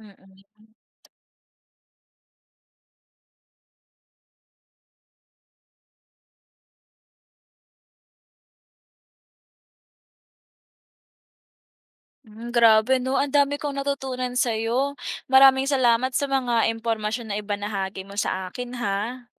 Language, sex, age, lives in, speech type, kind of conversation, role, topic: Filipino, female, 20-24, Philippines, read, expert-assistant, asker, Ano ang mga benepisyo ng pagtutulungan sa komunidad?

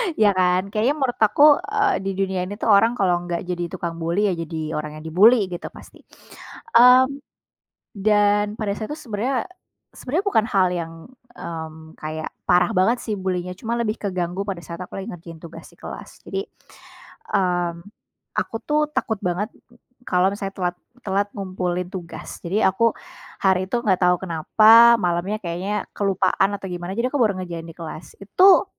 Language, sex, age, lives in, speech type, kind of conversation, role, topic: Indonesian, female, 25-29, Indonesia, conversation, unstructured, none, Apa hal paling berani yang pernah kamu lakukan untuk menunjukkan jati dirimu?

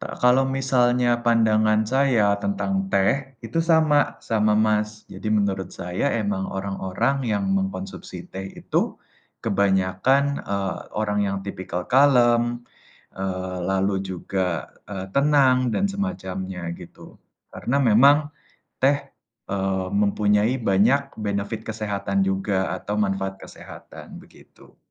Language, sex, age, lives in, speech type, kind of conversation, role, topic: Indonesian, male, 20-24, Indonesia, conversation, unstructured, none, Apakah Anda lebih suka kopi atau teh untuk memulai hari?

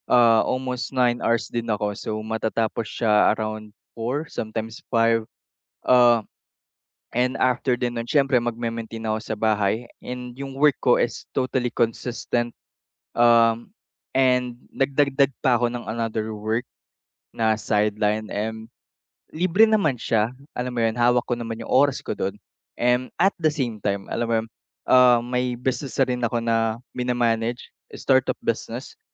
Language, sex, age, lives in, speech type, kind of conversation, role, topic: Filipino, male, 45-49, Philippines, conversation, advice, user, Bakit nahihirapan akong mag-enjoy sa libangan kapag pagod ako?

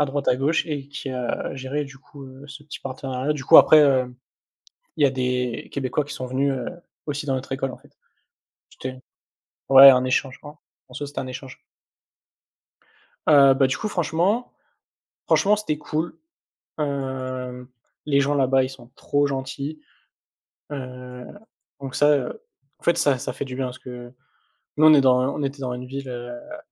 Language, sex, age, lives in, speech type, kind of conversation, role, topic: French, male, 20-24, France, conversation, unstructured, none, Quel voyage t’a le plus marqué émotionnellement ?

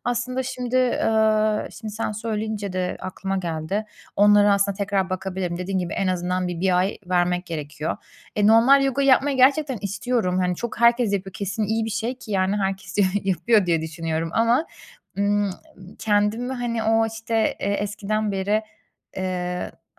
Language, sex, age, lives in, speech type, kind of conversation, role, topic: Turkish, female, 30-34, Germany, conversation, advice, user, Stresle başa çıkmak için yemek yemeye ya da bir şeyler içmeye yönelme alışkanlığınız hakkında neler anlatabilirsiniz?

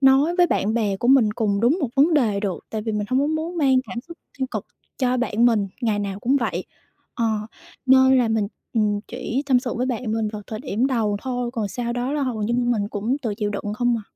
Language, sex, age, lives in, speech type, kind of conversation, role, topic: Vietnamese, female, 25-29, Vietnam, conversation, advice, user, Sau khi chia tay người yêu, bạn cần điều chỉnh cuộc sống hằng ngày như thế nào?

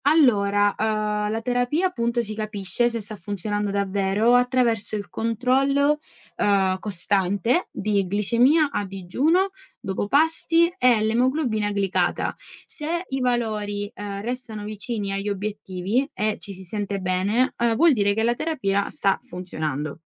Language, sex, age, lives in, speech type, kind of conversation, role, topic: Italian, female, 20-24, Italy, read, expert-assistant, expert, Come si sceglie e si valuta la terapia migliore per il diabete?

